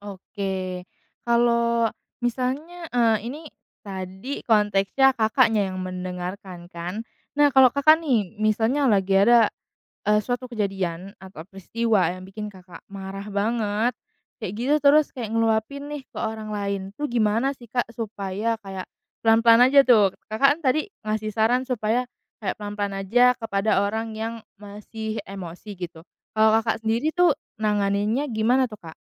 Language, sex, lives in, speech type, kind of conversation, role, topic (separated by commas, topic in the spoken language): Indonesian, female, Indonesia, conversation, podcast, host, Bagaimana cara mendengarkan orang yang sedang marah tanpa ikut terpancing emosi?